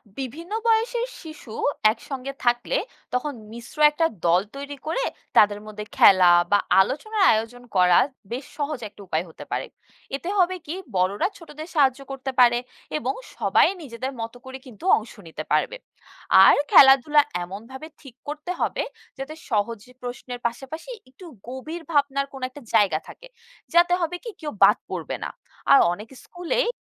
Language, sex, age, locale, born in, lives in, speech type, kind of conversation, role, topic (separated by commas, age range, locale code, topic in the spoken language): Bengali, female, 20-24, bn-BD, Bangladesh, Bangladesh, read, expert-assistant, expert, স্কুলের শিশুদের কাছে অঞ্চলভিত্তিক সংস্কৃতি কীভাবে সহজে উপস্থাপন করা যায়?